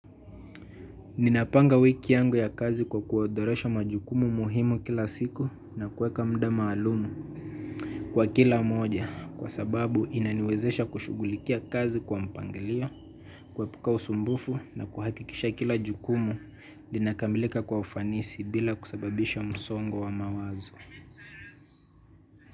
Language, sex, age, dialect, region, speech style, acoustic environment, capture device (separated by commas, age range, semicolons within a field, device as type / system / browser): Swahili, male, 25-34, Kenya - Mombasa, Kenya, happy; excited, nature, mobile / Linux / Chrome